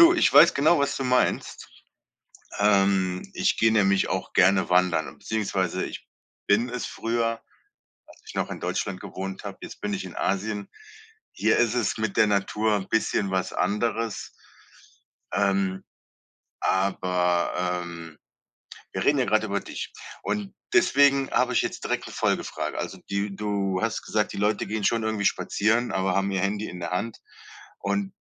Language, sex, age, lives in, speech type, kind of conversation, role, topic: German, male, 35-39, Germany, conversation, podcast, host, Welche einfachen Wege gibt es, die Natur achtsam wahrzunehmen?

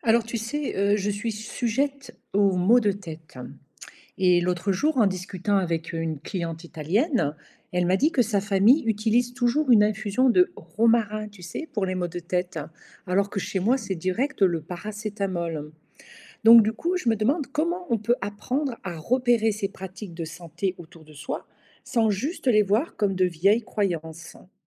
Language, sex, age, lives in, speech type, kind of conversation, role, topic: French, female, 55-59, Portugal, read, expert-assistant, asker, Comment développer un œil curieux sur les remèdes ou pratiques de santé que l’on croise au quotidien ?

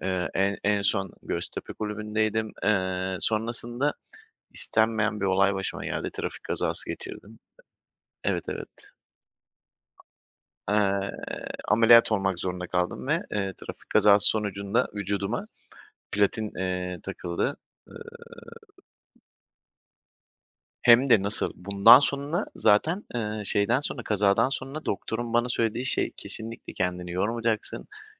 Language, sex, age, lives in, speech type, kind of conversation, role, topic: Turkish, male, 30-34, Poland, conversation, podcast, guest, Egzersizi günlük rutinin bir parçası haline getirmenin yolları nelerdir?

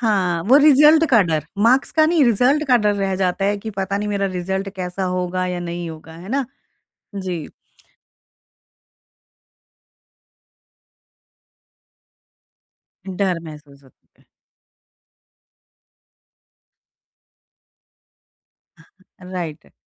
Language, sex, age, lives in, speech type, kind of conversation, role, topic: Hindi, female, 45-49, India, conversation, podcast, host, Mobile par zyada dekhne se tumhari dekhne ki aadaton mein sabse bada badlav kya aaya hai?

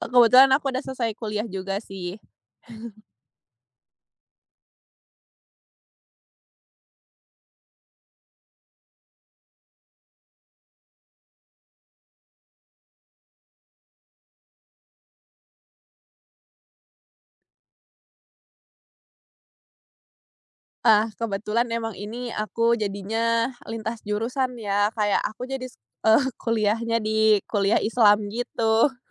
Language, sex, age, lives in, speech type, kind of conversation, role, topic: Indonesian, female, 20-24, Indonesia, conversation, podcast, guest, Ada momen apa yang bikin gayamu berubah?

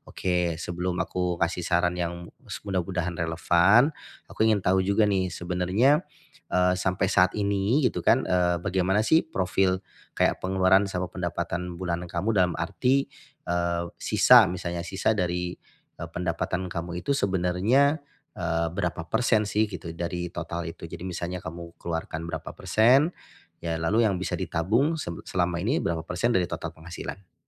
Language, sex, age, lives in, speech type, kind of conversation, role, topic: Indonesian, male, 40-44, Indonesia, conversation, advice, advisor, Bagaimana cara menikmati hidup tanpa mengorbankan keamanan finansial?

